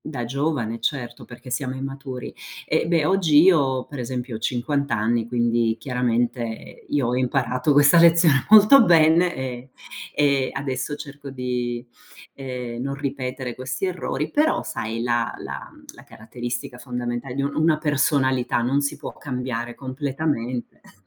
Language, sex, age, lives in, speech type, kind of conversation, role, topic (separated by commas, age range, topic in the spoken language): Italian, female, 50-54, United States, conversation, unstructured, none, Come gestisci i conflitti con un familiare stretto?